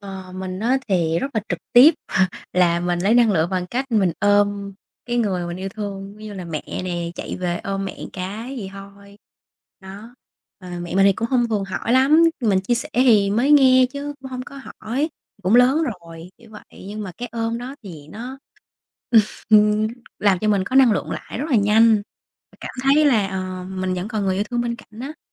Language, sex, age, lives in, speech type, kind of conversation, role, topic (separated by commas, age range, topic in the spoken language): Vietnamese, female, 25-29, Vietnam, conversation, unstructured, none, Điều gì khiến bạn cảm thấy hạnh phúc nhất trong cuộc sống?